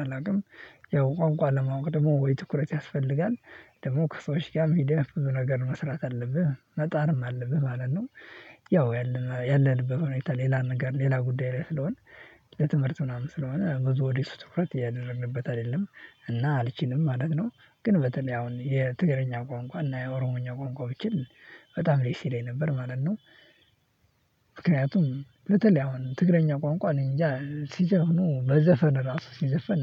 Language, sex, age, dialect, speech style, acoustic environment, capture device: Amharic, male, 18-24, Ethiopia - Addis Ababa, happy; anxious; nervous, people talking; silence, mobile / Linux / Chrome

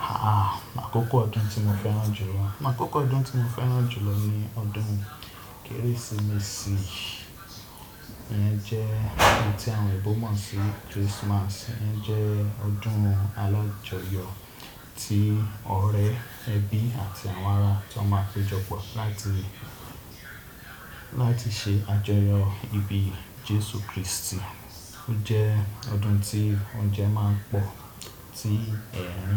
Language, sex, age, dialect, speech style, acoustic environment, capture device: Yoruba, male, 25-34, Nigeria - Ibadan, relaxed, people talking, desktop / Windows / Chrome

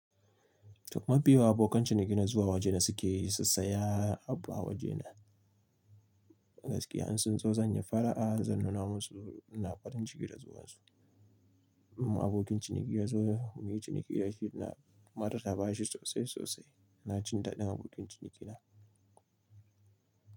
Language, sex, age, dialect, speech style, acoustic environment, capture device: Hausa, male, 25-34, Nigeria - Nigerian Standard, happy, silence, mobile / Linux / Chrome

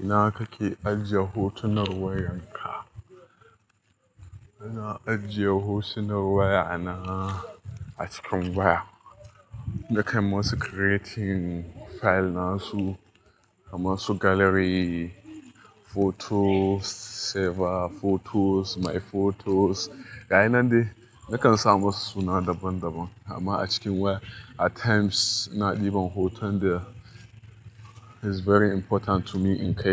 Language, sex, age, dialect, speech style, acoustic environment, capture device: Hausa, male, 25-34, Nigeria - Nigerian Standard, focused, people talking, mobile / Linux / Chrome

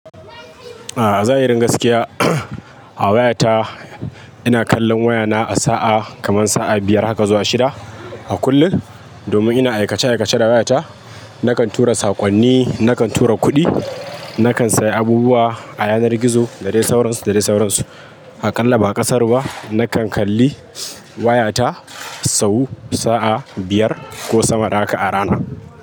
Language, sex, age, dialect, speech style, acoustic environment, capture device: Hausa, male, 25-34, Nigeria - Kano, happy; focused; excited, people talking; appliances; nature; music; traffic, mobile / Linux / Chrome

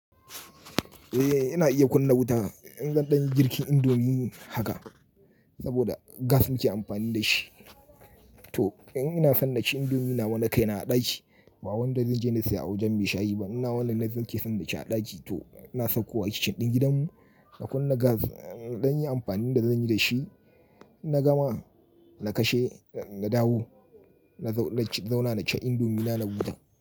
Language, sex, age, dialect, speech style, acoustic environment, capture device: Hausa, male, 35-44, Nigeria - Kano, relaxed, nature, mobile / Linux / Chrome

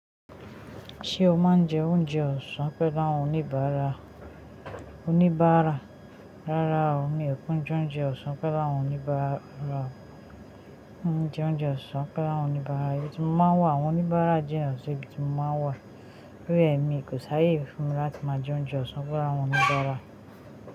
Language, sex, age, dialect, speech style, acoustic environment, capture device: Yoruba, female, 25-34, Nigeria - Ijebu, happy, silence, mobile / Linux / Chrome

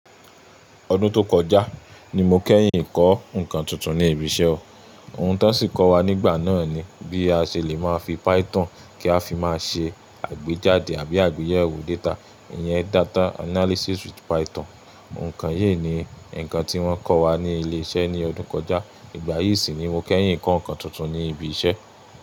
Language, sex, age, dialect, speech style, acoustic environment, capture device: Yoruba, male, 25-34, Nigeria - Ibadan, relaxed, silence, mobile / Linux / Chrome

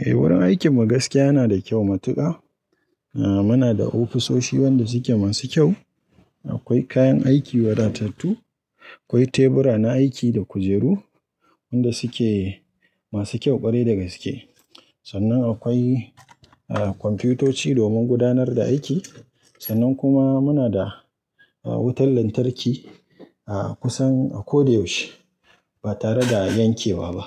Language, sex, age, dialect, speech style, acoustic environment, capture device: Hausa, male, 25-34, Nigeria - Kano, relaxed; happy, people talking; nature, mobile / Linux / Chrome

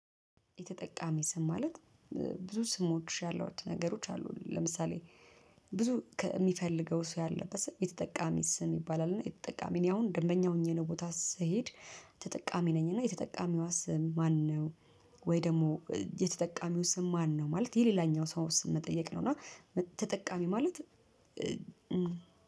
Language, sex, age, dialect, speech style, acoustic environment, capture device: Amharic, female, 35-44, Ethiopia - Addis Ababa, nervous, traffic, mobile / Linux / Chrome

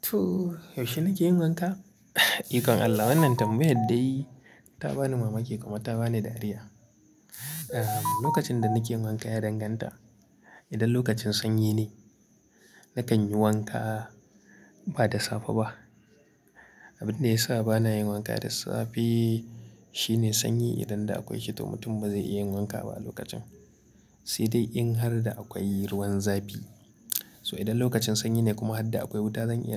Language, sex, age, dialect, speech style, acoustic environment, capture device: Hausa, male, 25-34, Nigeria - Kano, happy; relaxed, people talking; nature, mobile / Linux / Chrome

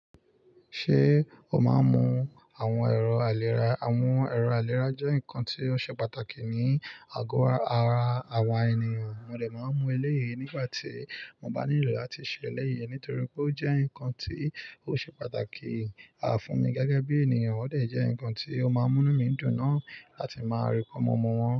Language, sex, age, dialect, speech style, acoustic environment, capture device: Yoruba, male, 25-34, Nigeria - Lagos Yoruba, focused, nature, mobile / Linux / Chrome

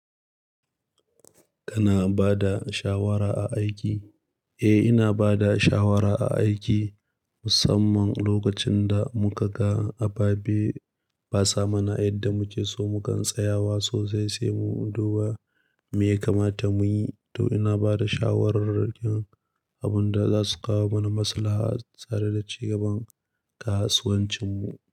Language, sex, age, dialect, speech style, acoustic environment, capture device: Hausa, male, 25-34, Nigeria - Nigerian Standard, happy, silence, mobile / Linux / Chrome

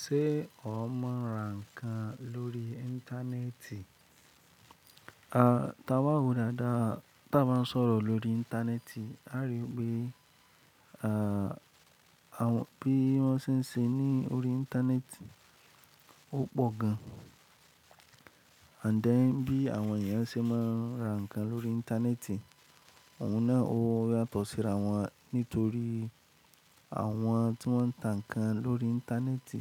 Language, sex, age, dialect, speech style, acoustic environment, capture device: Yoruba, male, 25-34, Nigeria - Lagos Yoruba, happy; excited, silence, mobile / Linux / Chrome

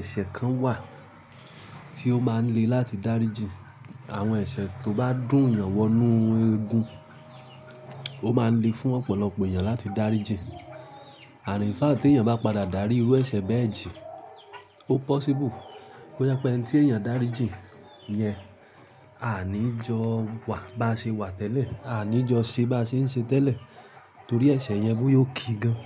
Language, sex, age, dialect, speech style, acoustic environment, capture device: Yoruba, male, 25-34, Nigeria - Ibadan, relaxed, music; appliances, mobile / Linux / Chrome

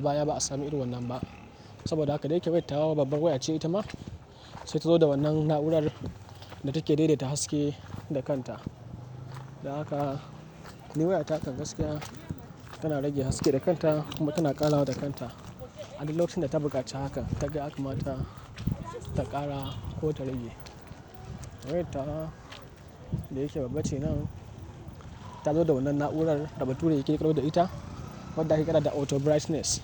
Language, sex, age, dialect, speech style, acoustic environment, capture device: Hausa, male, 18-24, Nigeria - Kano, nervous, nature, mobile / Linux / Chrome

